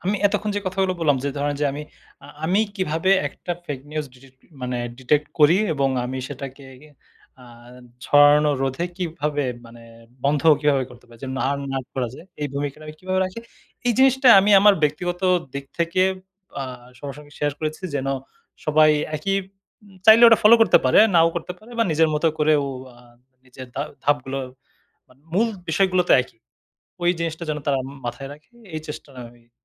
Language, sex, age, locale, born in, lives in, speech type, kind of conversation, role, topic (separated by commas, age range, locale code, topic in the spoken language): Bengali, male, 30-34, bn-BD, Bangladesh, Bangladesh, conversation, podcast, guest, ভুয়া খবর চিনতে আপনি কী কী নিয়ম মেনে চলেন?